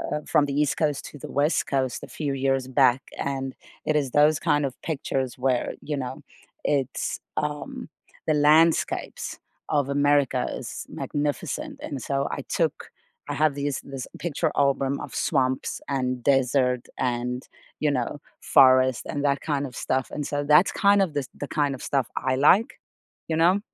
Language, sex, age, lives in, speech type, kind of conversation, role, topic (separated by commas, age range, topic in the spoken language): English, female, 35-39, United States, conversation, unstructured, none, What is the last photo on your phone that you love, and what makes it meaningful to you?